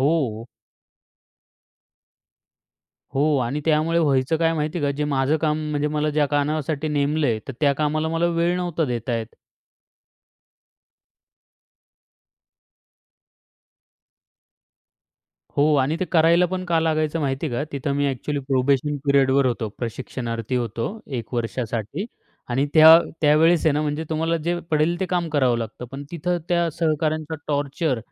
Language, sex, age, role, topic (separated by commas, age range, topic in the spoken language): Marathi, male, 25-29, guest, नोकरीने तुमचा आत्मविश्वास कसा वाढवला किंवा कमी केला?